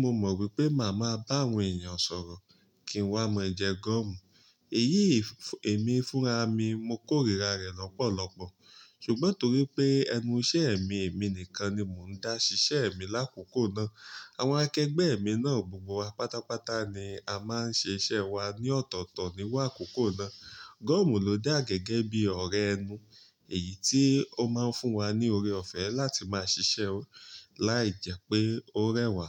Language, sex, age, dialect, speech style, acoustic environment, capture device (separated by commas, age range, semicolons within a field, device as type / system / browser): Yoruba, male, 35-44, Nigeria - Ibadan, relaxed, nature, mobile / Linux / Chrome